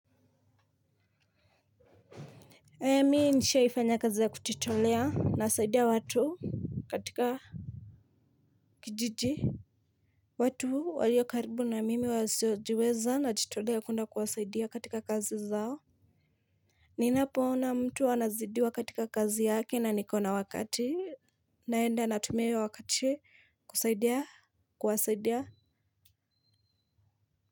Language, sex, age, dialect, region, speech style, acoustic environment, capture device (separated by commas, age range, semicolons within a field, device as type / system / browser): Swahili, female, 25-34, Kenya - Mombasa, Kenya, happy; focused; excited, silence; nature, mobile / Linux / Chrome